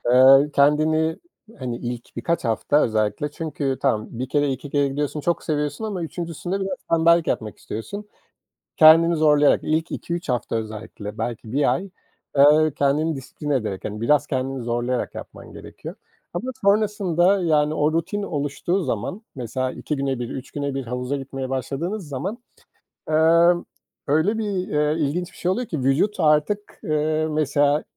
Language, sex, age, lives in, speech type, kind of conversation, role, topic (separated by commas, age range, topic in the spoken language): Turkish, male, 40-44, Portugal, conversation, podcast, guest, Stresle baş etmene hangi hobiler yardımcı oluyor, örnek verebilir misin?